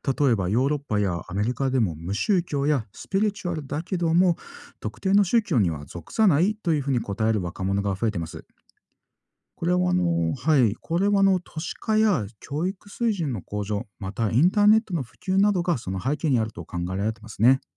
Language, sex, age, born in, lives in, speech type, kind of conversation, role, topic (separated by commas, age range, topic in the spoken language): Japanese, male, 40-44, Japan, Japan, read, expert-assistant, expert, 最近、世界の宗教が若い世代にあまり支持されなくなっている理由は何でしょうか？